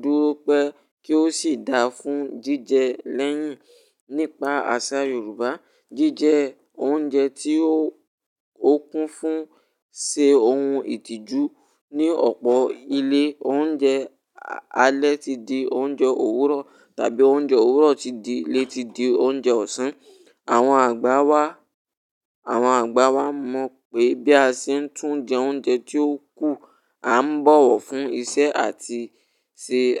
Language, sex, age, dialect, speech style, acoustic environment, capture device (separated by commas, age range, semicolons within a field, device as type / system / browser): Yoruba, male, 18-24, Nigeria - Ibadan, relaxed, silence, mobile / Linux / Chrome